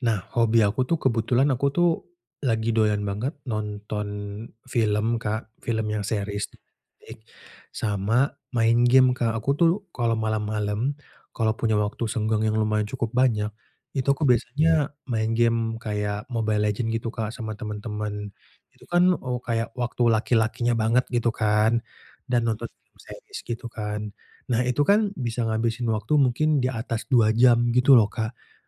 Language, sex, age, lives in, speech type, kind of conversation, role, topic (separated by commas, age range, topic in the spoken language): Indonesian, male, 25-29, Indonesia, conversation, advice, user, Mengapa saya sulit rileks dan bersantai di rumah?